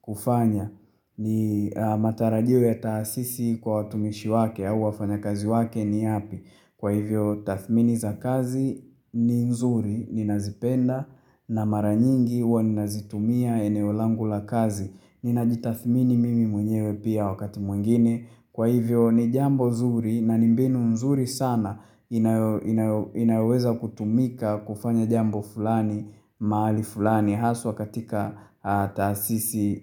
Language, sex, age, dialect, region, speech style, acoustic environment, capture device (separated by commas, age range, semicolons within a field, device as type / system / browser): Swahili, male, 25-34, Tanzania - Dar es Salaam, Tanzania, relaxed, silence, mobile / Linux / Chrome